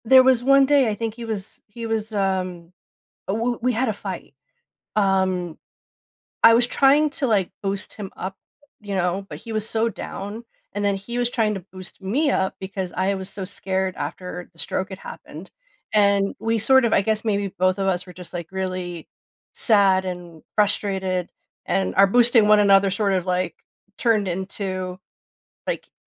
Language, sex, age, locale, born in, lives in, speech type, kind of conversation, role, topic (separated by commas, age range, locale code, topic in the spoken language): English, female, 40-44, en-US, United States, United States, conversation, advice, user, How can I cope with guilt after a loved one's death?